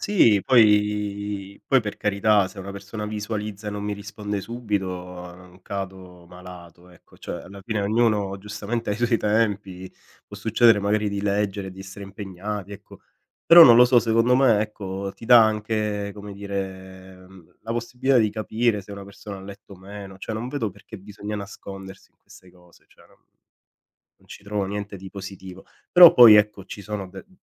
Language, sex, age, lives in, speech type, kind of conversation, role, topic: Italian, male, 30-34, Italy, conversation, podcast, guest, Come bilanci il tempo trascorso online e quello offline?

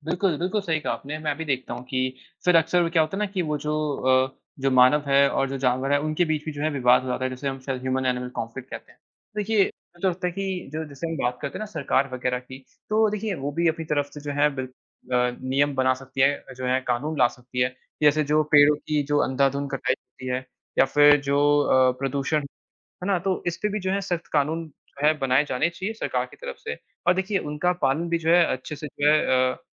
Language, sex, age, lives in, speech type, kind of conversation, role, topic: Hindi, male, 18-19, India, conversation, unstructured, none, प्रकृति के संरक्षण के लिए हम क्या कर सकते हैं?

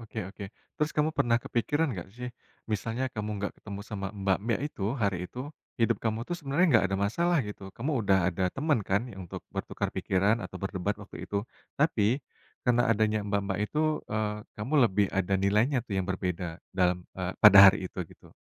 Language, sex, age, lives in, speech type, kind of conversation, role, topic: Indonesian, male, 35-39, Indonesia, conversation, podcast, host, Pernahkah kamu bertemu orang asing yang mengubah jalan ceritamu saat bepergian?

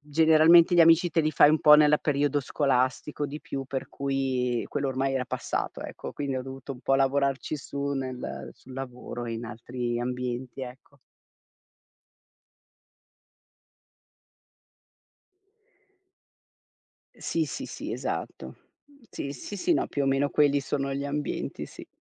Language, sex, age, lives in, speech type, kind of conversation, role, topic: Italian, female, 50-54, Italy, conversation, podcast, guest, Com’è stato trasferirti fuori da casa dei tuoi genitori?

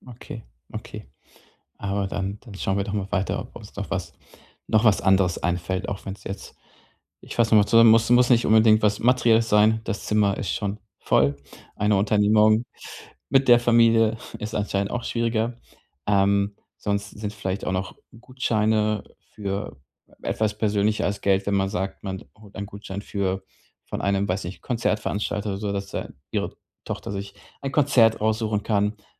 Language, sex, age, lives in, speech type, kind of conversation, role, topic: German, male, 35-39, Germany, conversation, advice, advisor, Wie wähle ich ein passendes Geschenk für eine Freundin aus, wenn ich unsicher bin?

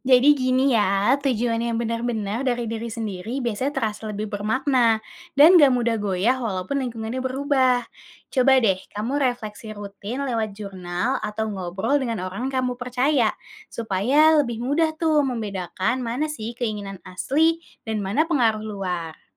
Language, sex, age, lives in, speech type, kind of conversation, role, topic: Indonesian, female, 20-24, Indonesia, read, expert-assistant, expert, Bagaimana kita tahu tujuan yang kita pilih benar-benar mencerminkan diri kita, bukan hanya ikut-ikutan orang lain?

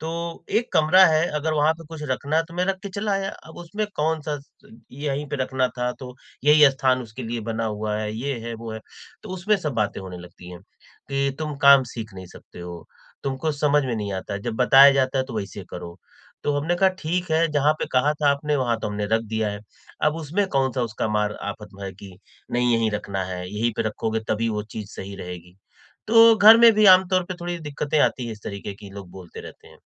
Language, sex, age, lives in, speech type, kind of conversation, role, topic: Hindi, male, 45-49, India, conversation, advice, user, मैं आलोचना को व्यक्तिगत रूप से लिए बिना उससे कैसे सीख सकता/सकती हूँ?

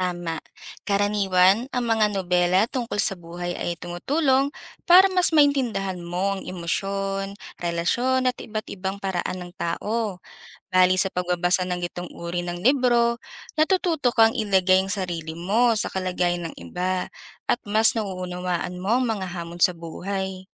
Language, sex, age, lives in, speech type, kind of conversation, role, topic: Filipino, female, 25-29, Philippines, read, expert-assistant, expert, Paano nakakatulong ang pagbabasa ng libro sa pag-unlad ng isipan?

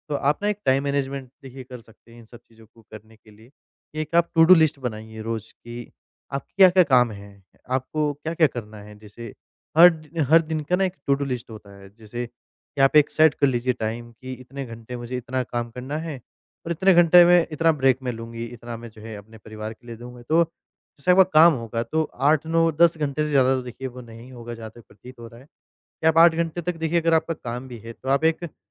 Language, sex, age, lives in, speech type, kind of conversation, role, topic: Hindi, male, 25-29, India, conversation, advice, advisor, आपका काम-जीवन संतुलन कैसे बिगड़ा है और क्या आप परिवार से दूर महसूस कर रहे हैं?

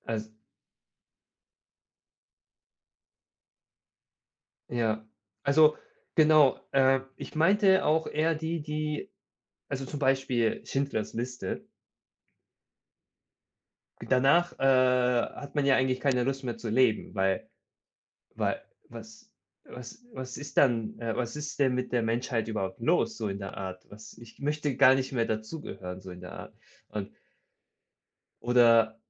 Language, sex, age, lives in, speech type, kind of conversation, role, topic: German, male, 30-34, Germany, conversation, unstructured, none, Wie wirken sich Filme auf unsere Stimmung aus?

